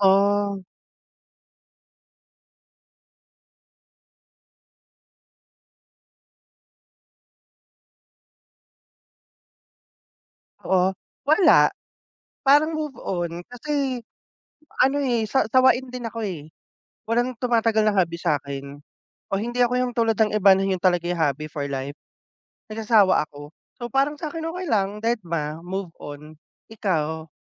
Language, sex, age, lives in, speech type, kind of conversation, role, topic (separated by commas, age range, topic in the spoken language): Filipino, male, 30-34, Philippines, conversation, unstructured, none, Ano ang nararamdaman at ginagawa mo kapag nawawala ang gana mo sa paborito mong libangan at nahihirapan kang ipagpatuloy o paghusayin ito?